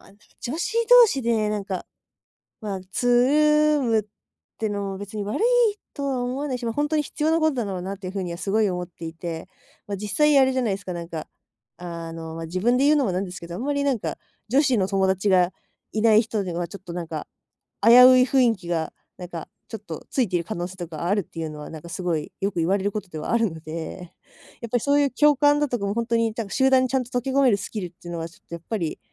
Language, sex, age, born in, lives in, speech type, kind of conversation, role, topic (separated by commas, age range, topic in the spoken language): Japanese, female, 20-24, Japan, Japan, conversation, podcast, guest, 相手の話をうまく聴くには、どうすればいいですか？